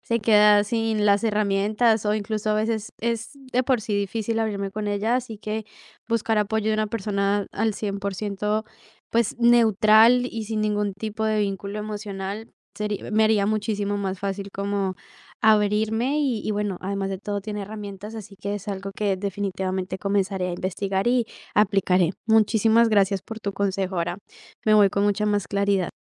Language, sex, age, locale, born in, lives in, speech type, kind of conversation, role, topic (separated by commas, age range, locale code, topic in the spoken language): Spanish, female, 18-19, es-CO, Colombia, Italy, conversation, advice, user, ¿Qué puedo hacer si me da miedo abrirme emocionalmente después de terminar con mi pareja?